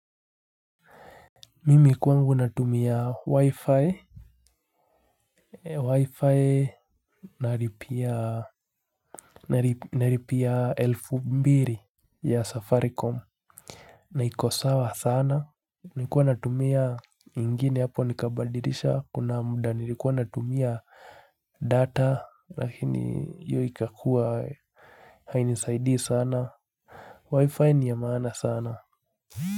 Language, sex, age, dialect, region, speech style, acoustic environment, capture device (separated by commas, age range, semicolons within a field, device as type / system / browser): Swahili, male, 25-34, Kenya - Nairobi Sheng-influenced, Kenya, relaxed, nature; silence, mobile / Linux / Chrome